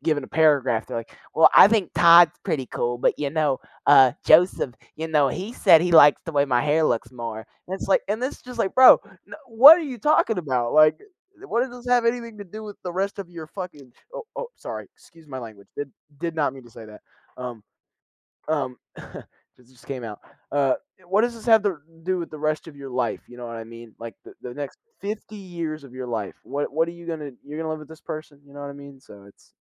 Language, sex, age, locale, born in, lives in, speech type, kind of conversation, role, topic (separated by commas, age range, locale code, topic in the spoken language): English, male, 18-19, en-US, United States, United States, conversation, unstructured, none, Why do you think reality TV has become such a big part of our culture?